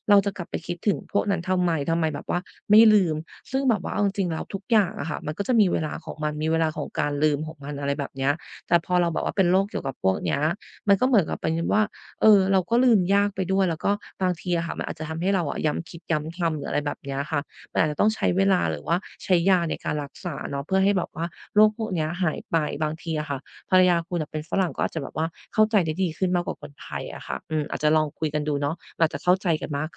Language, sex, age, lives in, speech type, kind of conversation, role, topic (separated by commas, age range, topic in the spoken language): Thai, female, 30-34, Thailand, conversation, advice, advisor, ฉันควรทำอย่างไรเมื่อกลัวว่าคนอื่นจะตัดสินฉัน?